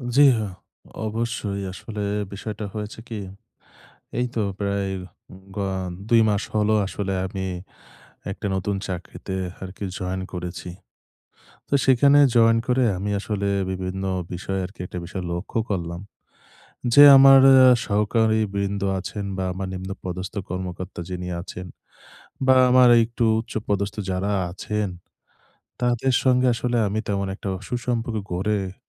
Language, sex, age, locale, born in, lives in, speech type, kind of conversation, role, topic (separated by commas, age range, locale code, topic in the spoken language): Bengali, male, 25-29, bn-BD, Bangladesh, Bangladesh, conversation, advice, user, আমি কীভাবে অফিসের ভেতরে আমার নেটওয়ার্ক বাড়িয়ে সহকর্মীদের সঙ্গে সম্পর্ক উন্নত করতে পারি?